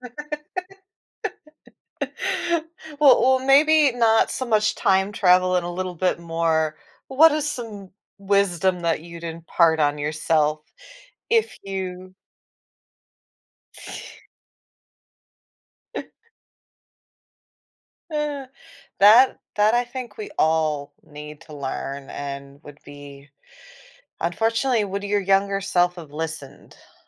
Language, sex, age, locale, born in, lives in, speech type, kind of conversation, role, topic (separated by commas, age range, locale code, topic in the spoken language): English, female, 40-44, en-US, United States, United States, conversation, podcast, host, How have your experiences shaped the lessons you value most today?